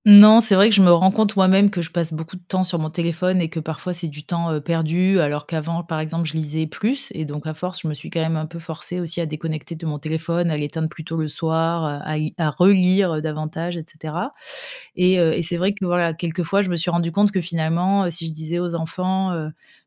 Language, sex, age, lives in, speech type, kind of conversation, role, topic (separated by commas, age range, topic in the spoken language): French, female, 35-39, France, conversation, podcast, guest, Selon toi, les réseaux sociaux encouragent-ils davantage le minimalisme ou l’excès ?